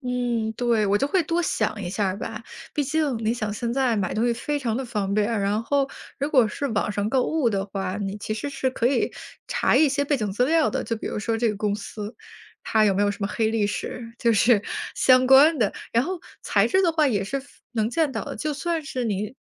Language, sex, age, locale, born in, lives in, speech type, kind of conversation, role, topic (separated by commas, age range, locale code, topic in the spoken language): Chinese, female, 30-34, zh-CN, China, United States, conversation, podcast, guest, 你怎么看快时尚与环保的关系？